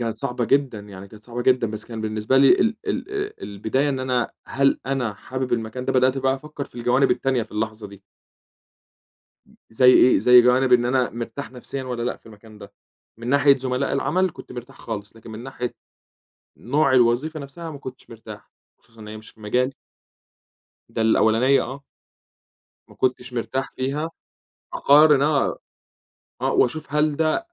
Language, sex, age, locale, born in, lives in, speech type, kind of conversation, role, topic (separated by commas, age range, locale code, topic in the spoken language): Arabic, male, 25-29, ar-EG, Egypt, Egypt, conversation, podcast, guest, إزاي بتوازن بين الأمان ورغبتك إنك تتقدّم؟